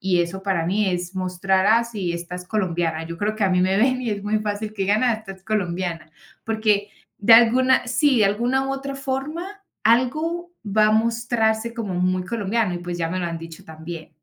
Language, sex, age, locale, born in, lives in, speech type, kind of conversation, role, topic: Spanish, female, 25-29, es-CO, Colombia, Spain, conversation, podcast, guest, ¿Cómo describirías tu estilo personal?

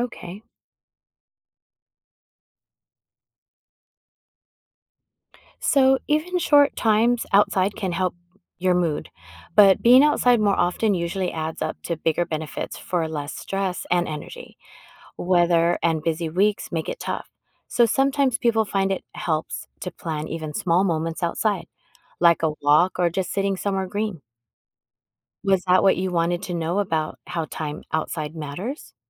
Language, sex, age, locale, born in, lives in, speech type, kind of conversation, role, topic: English, female, 50-54, en-US, United States, United States, read, expert-assistant, expert, How does being in nature affect mood?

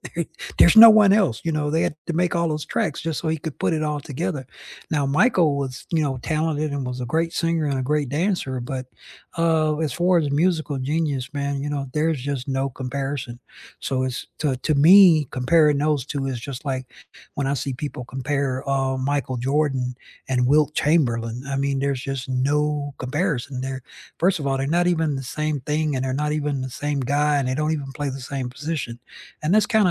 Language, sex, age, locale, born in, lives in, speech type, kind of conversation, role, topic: English, male, 60-64, en-US, United States, United States, conversation, unstructured, none, What is the best live performance you’ve seen, either recently or of all time?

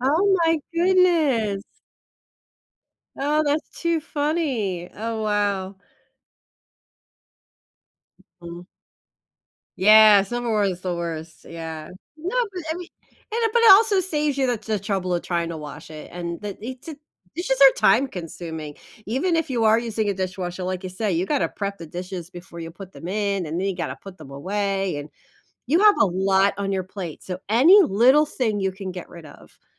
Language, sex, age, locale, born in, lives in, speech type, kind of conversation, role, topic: English, female, 45-49, en-US, United States, United States, conversation, advice, advisor, How can I manage overwhelming responsibilities?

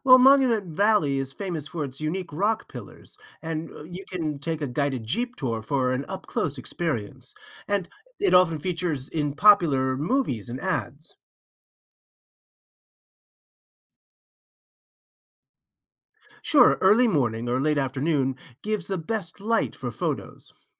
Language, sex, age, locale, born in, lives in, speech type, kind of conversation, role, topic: English, male, 55-59, en-US, United States, United States, read, expert-assistant, expert, What are the best stops on a 3-day Arizona road trip?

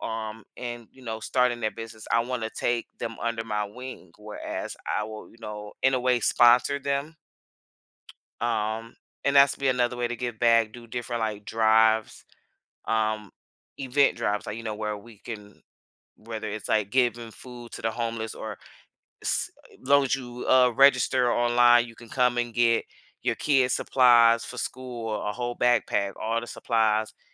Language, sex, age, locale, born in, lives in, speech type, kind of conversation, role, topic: English, female, 30-34, en-US, United States, United States, conversation, unstructured, none, How has your dream job changed as you've grown, and what inspired those changes?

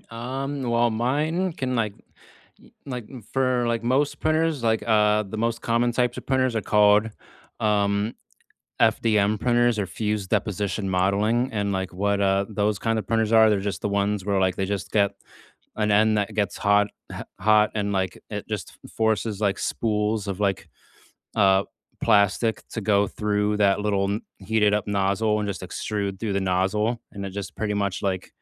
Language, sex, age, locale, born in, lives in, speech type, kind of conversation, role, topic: English, male, 30-34, en-US, United States, United States, conversation, unstructured, none, What new hobby have you started recently, and how is it going so far?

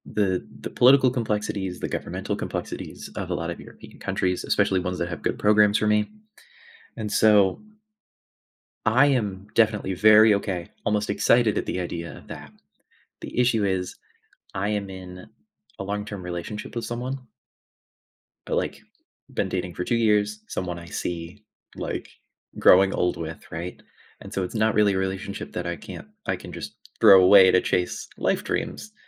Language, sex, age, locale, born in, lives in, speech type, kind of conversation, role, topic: English, male, 20-24, en-US, United States, United States, conversation, advice, user, How can I make a big life decision when I'm feeling uncertain?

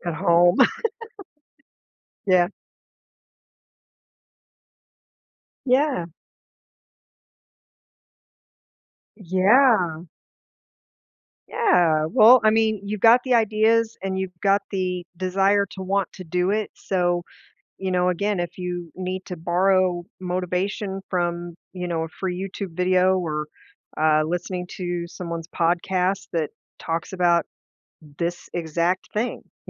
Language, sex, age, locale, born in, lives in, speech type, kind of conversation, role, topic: English, female, 50-54, en-US, United States, United States, conversation, advice, advisor, How can I spend my free time more meaningfully?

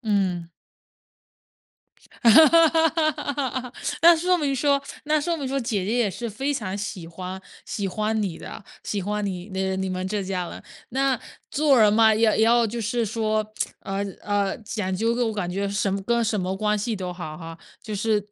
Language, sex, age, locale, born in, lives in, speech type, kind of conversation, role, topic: Chinese, female, 25-29, zh-CN, China, United States, conversation, podcast, host, 你遇到过哪些让你觉得很暖心的邻里小事？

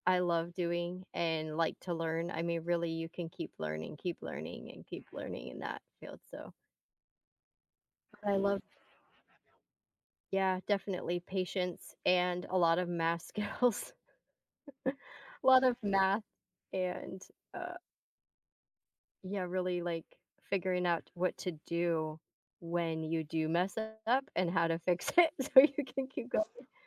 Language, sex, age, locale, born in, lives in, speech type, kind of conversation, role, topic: English, female, 40-44, en-US, Germany, United States, conversation, unstructured, none, How have your hobbies influenced your personal growth or abilities?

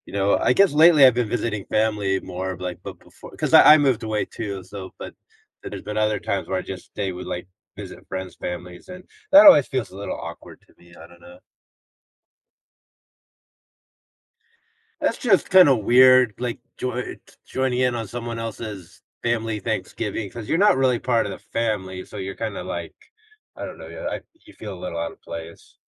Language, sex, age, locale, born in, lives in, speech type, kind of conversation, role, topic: English, male, 50-54, en-US, United States, United States, conversation, unstructured, none, How do family traditions shape our memories and connections?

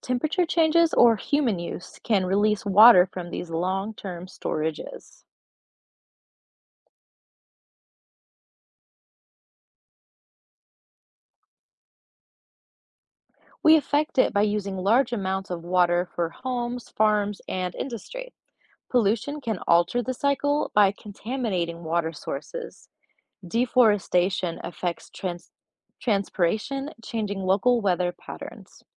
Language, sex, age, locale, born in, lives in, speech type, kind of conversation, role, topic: English, female, 25-29, en-US, United States, United States, read, expert-assistant, expert, What happens to a water drop in the water cycle?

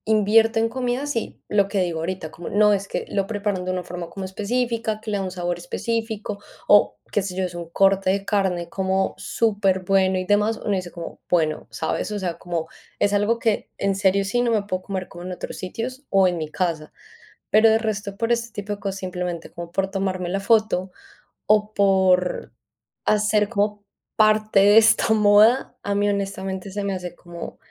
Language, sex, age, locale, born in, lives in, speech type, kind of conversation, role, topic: Spanish, female, 25-29, es-CO, Colombia, Spain, conversation, unstructured, none, ¿Qué comida consideras sobrevalorada y por qué?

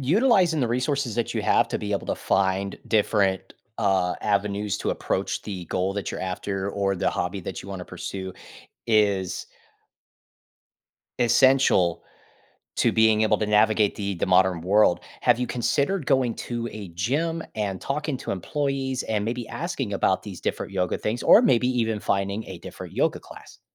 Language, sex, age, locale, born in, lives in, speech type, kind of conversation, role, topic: English, male, 30-34, en-US, United States, United States, conversation, advice, advisor, How can I make friends in a new city?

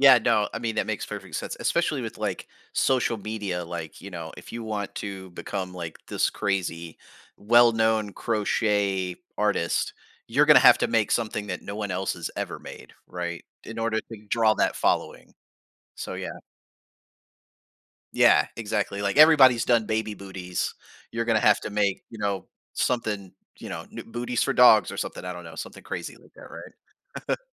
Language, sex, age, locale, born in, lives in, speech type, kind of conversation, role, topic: English, male, 40-44, en-US, United States, United States, conversation, podcast, host, What helps people stay motivated and enjoy learning something new?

